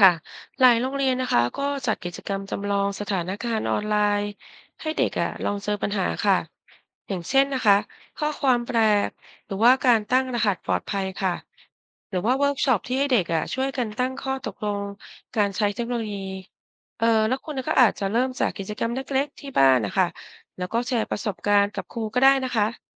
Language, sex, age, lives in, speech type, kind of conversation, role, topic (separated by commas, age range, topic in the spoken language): Thai, female, 50-54, Thailand, read, expert-assistant, expert, ถ้าต้องเปรียบเทียบบทบาทของเทคโนโลยีในห้องเรียนกับสิ่งของหรือสถานการณ์ในชีวิตประจำวัน จะเปรียบกับอะไรได้บ้าง?